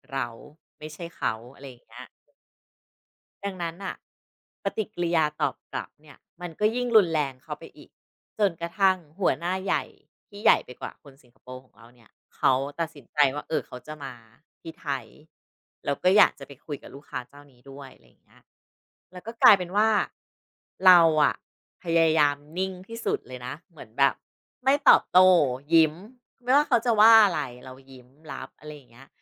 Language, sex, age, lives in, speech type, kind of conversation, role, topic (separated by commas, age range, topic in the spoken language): Thai, female, 40-44, Thailand, conversation, podcast, guest, รับมือกับคำวิจารณ์อย่างไรบ้าง?